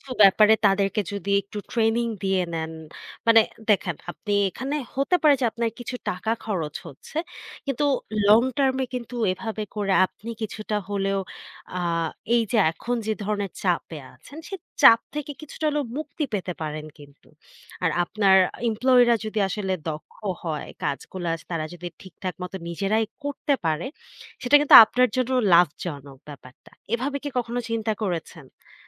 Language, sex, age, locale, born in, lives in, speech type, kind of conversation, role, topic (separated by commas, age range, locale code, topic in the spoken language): Bengali, female, 25-29, bn-BD, Bangladesh, Bangladesh, conversation, advice, advisor, আপনি কেন প্রতিদিন একই সময়ে ঘুমাতে যেতে পারছেন না?